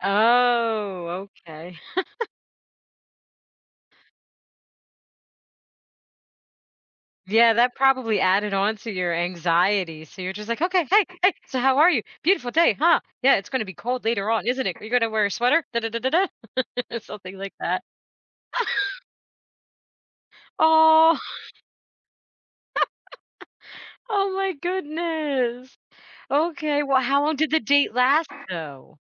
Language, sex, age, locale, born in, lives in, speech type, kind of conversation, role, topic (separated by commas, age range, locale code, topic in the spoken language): English, female, 40-44, en-US, United States, United States, conversation, podcast, host, What motivated you to step out of your comfort zone?